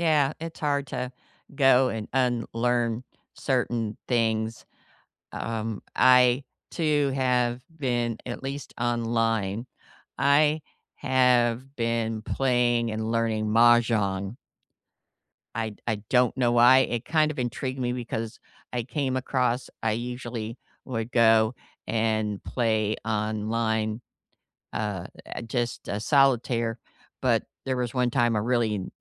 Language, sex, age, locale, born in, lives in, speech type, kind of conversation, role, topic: English, female, 55-59, en-US, United States, United States, conversation, unstructured, none, What are you currently learning just for fun?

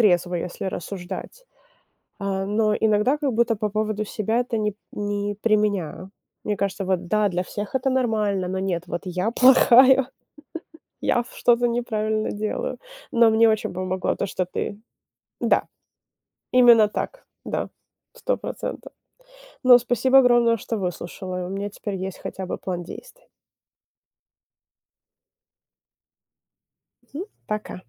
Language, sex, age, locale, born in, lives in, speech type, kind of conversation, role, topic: Russian, female, 25-29, ru-RU, Ukraine, United States, conversation, advice, user, Как финансовый стресс мешает вам планировать свою жизнь?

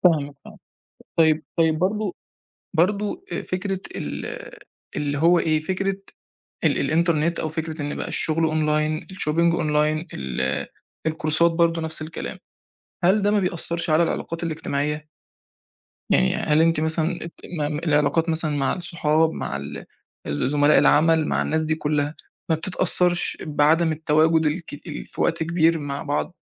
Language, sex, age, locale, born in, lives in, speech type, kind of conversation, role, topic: Arabic, male, 20-24, ar-EG, Egypt, Egypt, conversation, podcast, host, ازاي الإنترنت غيّر روتينك اليومي؟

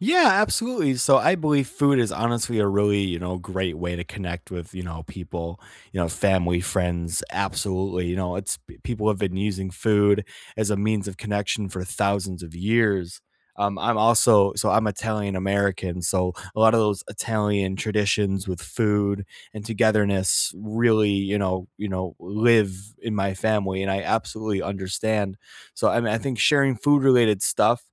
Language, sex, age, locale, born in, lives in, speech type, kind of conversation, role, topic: English, male, 25-29, en-US, United States, United States, conversation, unstructured, none, What are some simple, meaningful ways to keep long-distance friendships close and thriving?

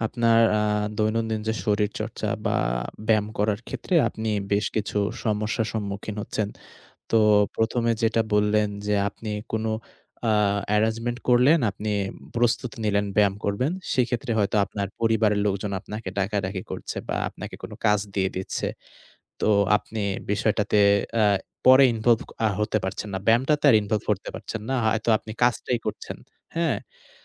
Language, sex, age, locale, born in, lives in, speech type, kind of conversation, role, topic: Bengali, male, 20-24, bn-BD, Bangladesh, Bangladesh, conversation, advice, advisor, বাড়িতে ব্যায়াম করার সময় পরিবেশ ঠিক না থাকলে ফোকাস ধরে রাখার জন্য আমি কী করতে পারি?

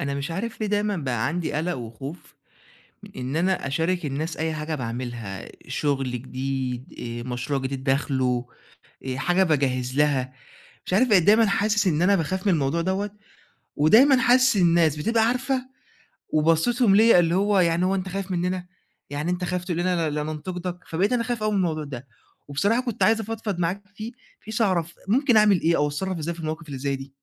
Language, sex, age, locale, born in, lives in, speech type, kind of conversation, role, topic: Arabic, male, 20-24, ar-EG, Egypt, Egypt, conversation, advice, user, إزاي أتعامل مع قلقي من حكم الناس ونقدهم لما أشارك شغلي اللي لسه بيتعمل؟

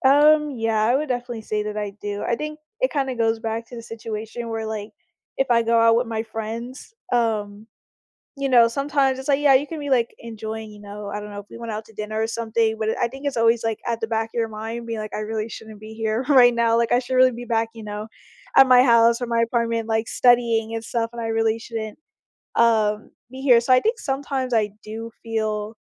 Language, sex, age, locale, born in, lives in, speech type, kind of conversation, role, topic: English, female, 20-24, en-US, United States, United States, conversation, podcast, guest, What helps you maintain a healthy balance between your responsibilities and personal time?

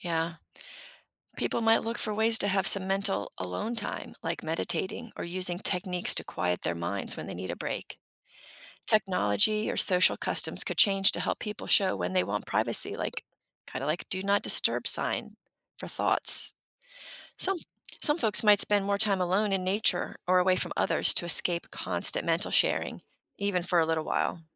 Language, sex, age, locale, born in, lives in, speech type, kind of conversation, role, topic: English, female, 55-59, en-US, United States, United States, read, expert-assistant, expert, What if we could read each other's thoughts?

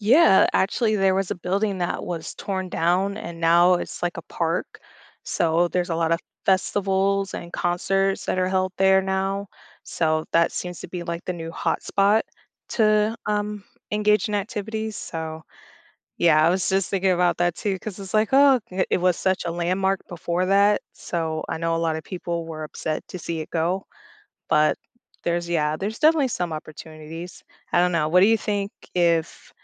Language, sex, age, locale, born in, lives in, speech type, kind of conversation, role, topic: English, female, 30-34, en-US, United States, United States, conversation, advice, user, How can I feel less nervous meeting new people?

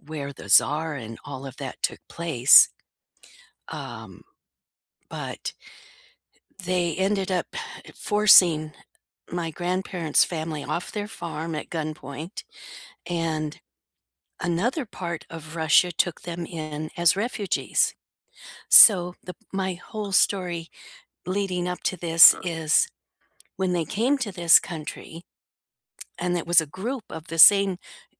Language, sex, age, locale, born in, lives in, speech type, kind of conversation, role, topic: English, female, 70-74, en-US, United States, United States, conversation, unstructured, none, Which class project will you never forget, and what did it teach you about learning, teamwork, or yourself?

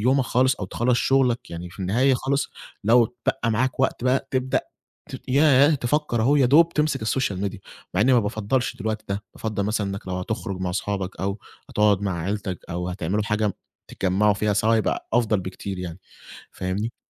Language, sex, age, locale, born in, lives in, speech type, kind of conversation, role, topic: Arabic, male, 20-24, ar-EG, Egypt, Egypt, conversation, podcast, guest, إيه اللي بتعمله لما تحس إن السوشيال ميديا بتشتّتك؟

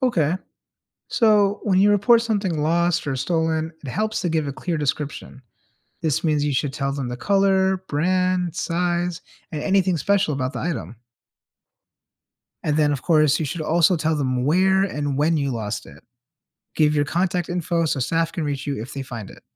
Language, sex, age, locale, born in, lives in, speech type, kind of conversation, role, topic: English, male, 30-34, en-US, United States, United States, read, expert-assistant, expert, How can you safely and responsibly use public transportation?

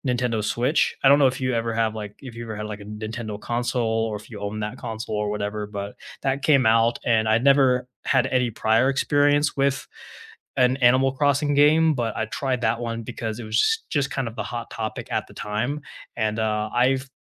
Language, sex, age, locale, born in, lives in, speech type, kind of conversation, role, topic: English, male, 20-24, en-US, United States, United States, conversation, unstructured, none, Which video games help you unwind after a long day, and why are they your comfort picks?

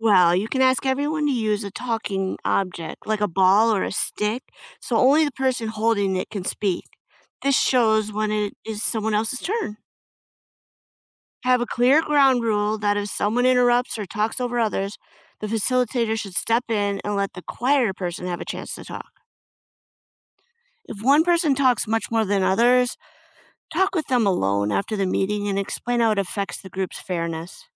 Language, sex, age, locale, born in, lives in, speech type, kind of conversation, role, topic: English, female, 50-54, en-US, United States, United States, read, expert-assistant, expert, What steps can you take to make group decisions fairly?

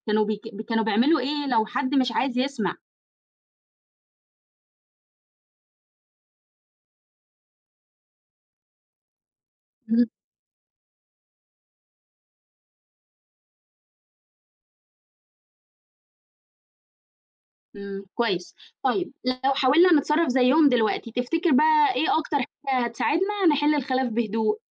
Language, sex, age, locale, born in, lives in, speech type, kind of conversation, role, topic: Arabic, female, 20-24, ar-EG, Egypt, Egypt, read, expert-assistant, asker, إزاي الناس زمان حلوا المشاكل اللي كانت بينهم بسبب الدين، وناخد منهم أفكار تفيدنا دلوقتي؟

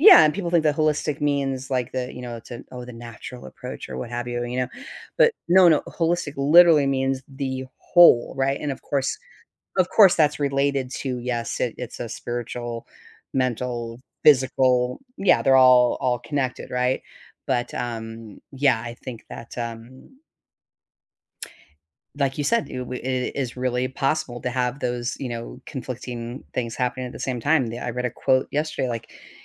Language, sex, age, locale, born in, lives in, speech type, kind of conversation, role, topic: English, female, 55-59, en-US, United States, United States, conversation, podcast, host, How can seeing things from another person’s point of view help us resolve disagreements?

